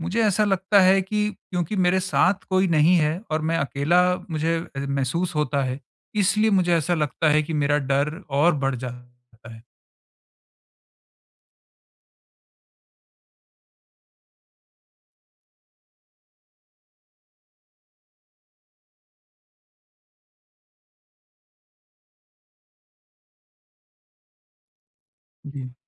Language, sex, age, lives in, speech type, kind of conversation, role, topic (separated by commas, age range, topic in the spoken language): Hindi, male, 45-49, India, conversation, advice, user, मैं समूह में बिना घबराहट आत्मविश्वास से कैसे बोल सकता/सकती हूँ?